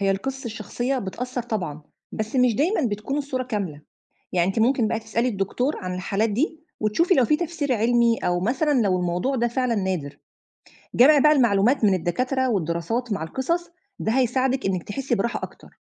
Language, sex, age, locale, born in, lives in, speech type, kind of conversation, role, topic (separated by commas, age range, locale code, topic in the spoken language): Arabic, female, 35-39, ar-EG, Egypt, Egypt, read, expert-assistant, expert, ليه ساعات بيكون في تضارب في النصايح عن التطعيمات – يعني بعض الناس بتقول لازم ناخد كل التطعيمات، وناس تانية بتقول مش ضروري؟ إيه الحقيقة وورا التضارب ده؟